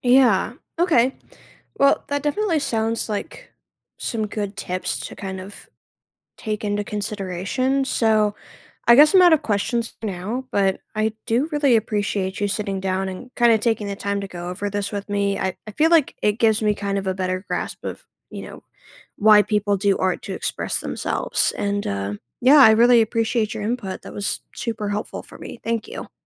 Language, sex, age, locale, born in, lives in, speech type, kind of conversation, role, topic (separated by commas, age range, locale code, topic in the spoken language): English, other, 20-24, en-US, United States, United States, read, expert-assistant, asker, How does art help people express themselves?